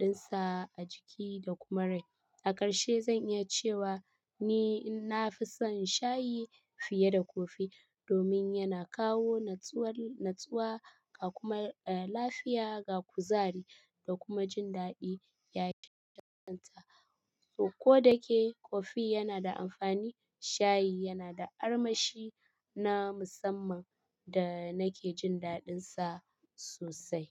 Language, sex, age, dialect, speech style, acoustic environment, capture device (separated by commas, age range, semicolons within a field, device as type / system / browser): Hausa, female, 25-34, Nigeria - Kano, happy; stressed; relaxed, people talking; appliances; silence, mobile / Linux / Chrome